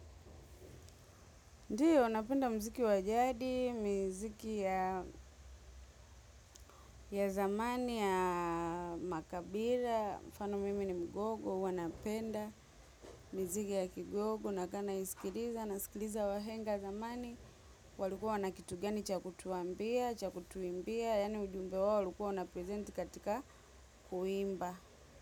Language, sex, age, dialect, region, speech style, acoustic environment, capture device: Swahili, female, 25-34, Tanzania - Dar es Salaam, Tanzania, relaxed; happy, silence, mobile / macOS / Safari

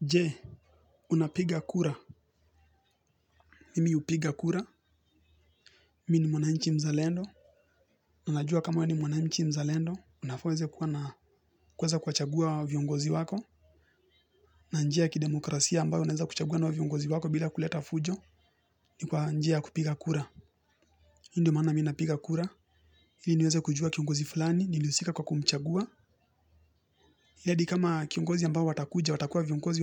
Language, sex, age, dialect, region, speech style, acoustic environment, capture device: Swahili, male, 18-24, Kenya - Nairobi Sheng-influenced, Kenya, relaxed; happy; focused, music; nature; construction; appliances; people talking, mobile / Linux / Chrome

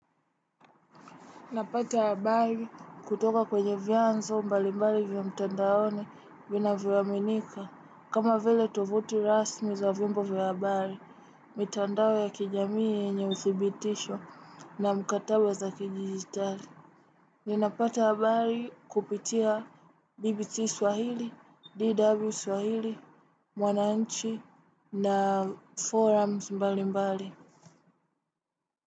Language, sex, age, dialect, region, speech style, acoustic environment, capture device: Swahili, female, 18-24, Tanzania - Dar es Salaam, Tanzania, relaxed, silence, desktop / Windows / Chrome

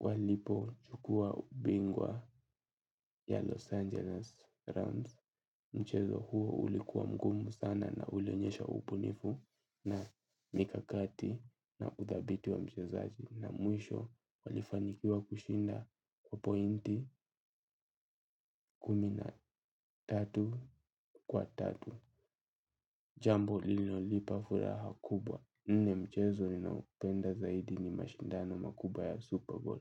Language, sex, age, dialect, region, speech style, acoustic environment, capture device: Swahili, male, 18-24, Kenya - Nairobi Sheng-influenced, Kenya, tired, silence, mobile / Linux / Chrome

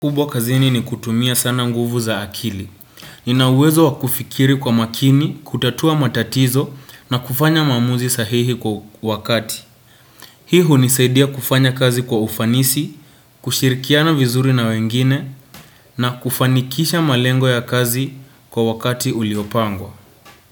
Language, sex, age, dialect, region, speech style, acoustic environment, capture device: Swahili, male, 18-24, Kenya - Nairobi Sheng-influenced, Kenya, relaxed; focused, nature, desktop / Windows / Chrome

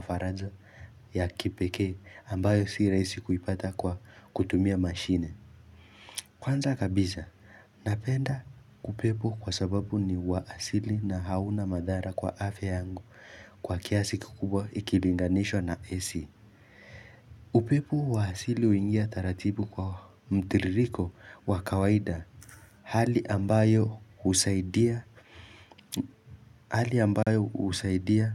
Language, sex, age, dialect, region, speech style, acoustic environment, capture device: Swahili, male, 18-24, Kenya - Nairobi Sheng-influenced, Kenya, focused, nature; silence, mobile / Linux / Chrome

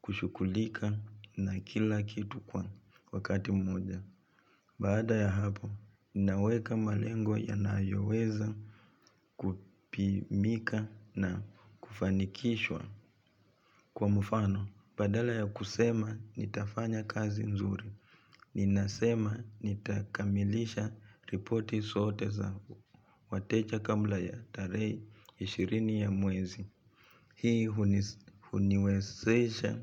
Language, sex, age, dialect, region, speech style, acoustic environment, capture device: Swahili, male, 25-34, Kenya - Nairobi Sheng-influenced, Kenya, relaxed, nature; appliances, mobile / Linux / Chrome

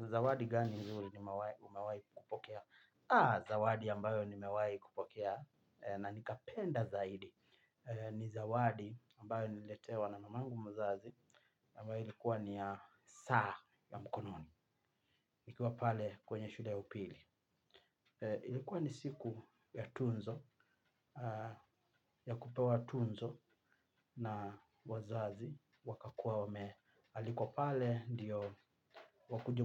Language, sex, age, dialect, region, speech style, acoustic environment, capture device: Swahili, male, 25-34, Kenya - Mombasa, Kenya, relaxed; happy; focused, nature, mobile / Linux / Chrome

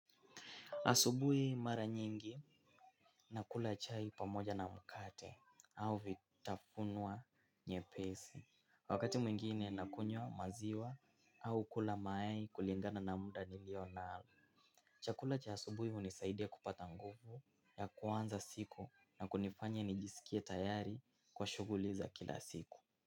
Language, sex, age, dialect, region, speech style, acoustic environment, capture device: Swahili, male, 18-24, Kenya - Mombasa, Kenya, focused; excited; happy; relaxed, silence, mobile / Linux / Chrome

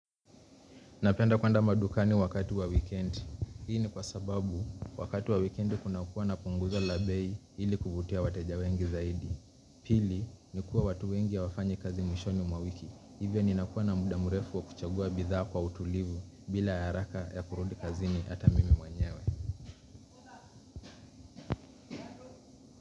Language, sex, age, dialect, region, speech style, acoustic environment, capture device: Swahili, male, 25-34, Kenya - Mombasa, Kenya, relaxed, construction; appliances; people talking; traffic, mobile / Linux / Chrome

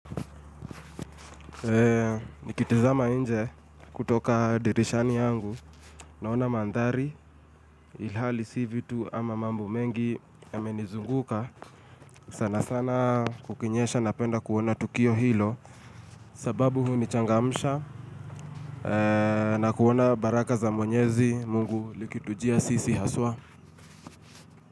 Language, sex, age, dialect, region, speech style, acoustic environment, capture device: Swahili, male, 25-34, Kenya - Nairobi Sheng-influenced, Kenya, relaxed, appliances, mobile / Linux / Chrome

